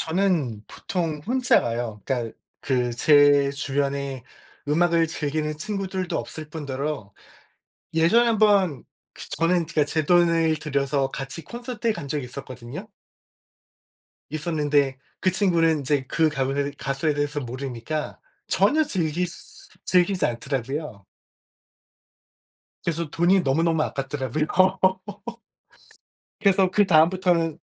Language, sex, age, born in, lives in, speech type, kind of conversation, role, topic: Korean, male, 45-49, South Korea, United States, conversation, unstructured, none, 콘서트나 공연에 가 본 적이 있으신가요? 어땠나요?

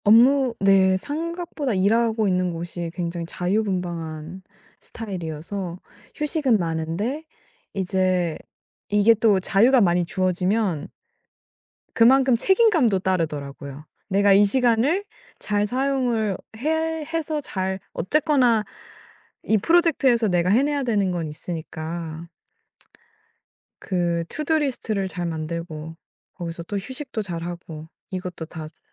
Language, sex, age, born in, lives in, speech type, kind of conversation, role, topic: Korean, female, 18-19, South Korea, United States, conversation, podcast, guest, 휴식할 때 죄책감이 들면 어떻게 극복하시나요?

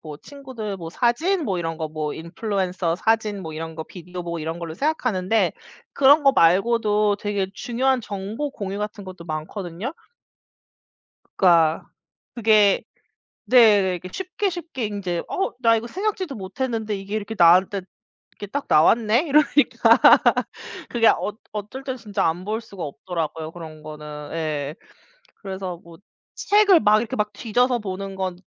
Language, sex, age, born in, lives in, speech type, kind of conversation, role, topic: Korean, female, 35-39, South Korea, United States, conversation, unstructured, none, 일주일 동안 스마트폰 없이 지내면 어떤 변화가 생길까요?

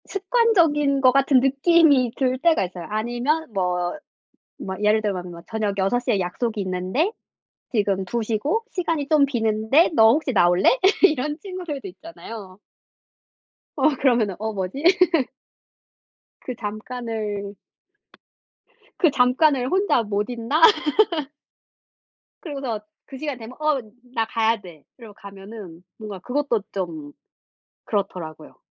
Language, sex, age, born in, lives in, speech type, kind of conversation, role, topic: Korean, female, 25-29, South Korea, France, conversation, unstructured, none, 친구와의 약속을 자주 취소하면 어떤 기분이 드나요?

